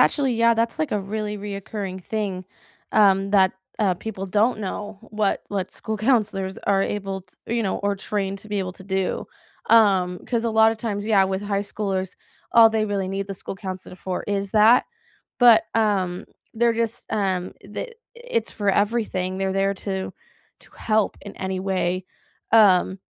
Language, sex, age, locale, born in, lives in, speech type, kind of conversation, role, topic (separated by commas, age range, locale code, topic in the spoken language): English, female, 20-24, en-US, United States, United States, conversation, unstructured, none, How has gig work shaped your path toward a steadier, fulfilling career?